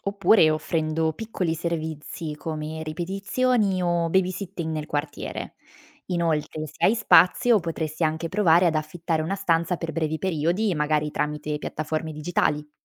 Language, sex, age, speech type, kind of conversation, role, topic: Italian, female, 25-29, read, expert-assistant, expert, Quali strategie usano le famiglie per organizzare il proprio budget e affrontare spese impreviste?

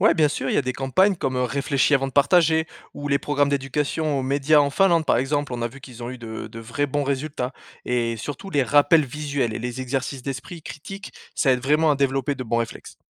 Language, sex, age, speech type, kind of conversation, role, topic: French, male, 35-39, read, expert-assistant, expert, Peut-on vraiment faire confiance aux infos partagées sur Instagram ou Twitter ?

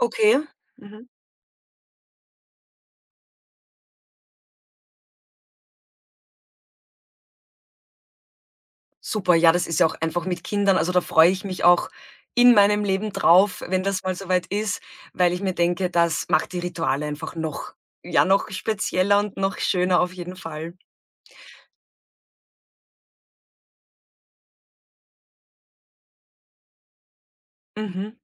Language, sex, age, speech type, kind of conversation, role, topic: German, female, 35-39, conversation, podcast, host, Welche Rituale habt ihr beim gemeinsamen Abendessen?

